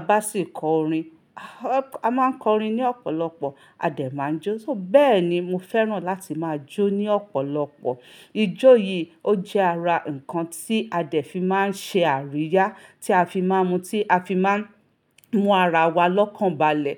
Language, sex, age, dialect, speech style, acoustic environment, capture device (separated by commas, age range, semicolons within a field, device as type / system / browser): Yoruba, female, 35-44, Nigeria - Ibadan, relaxed; focused, silence, mobile / Linux / Chrome